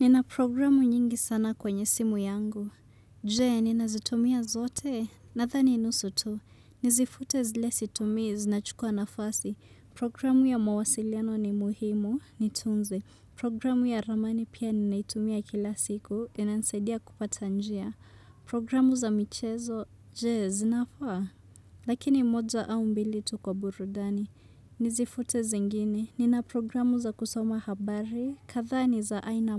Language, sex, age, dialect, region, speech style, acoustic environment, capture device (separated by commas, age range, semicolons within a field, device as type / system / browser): Swahili, female, 25-34, Kenya - Nairobi Sheng-influenced, Kenya, relaxed, music, desktop / Windows / Chrome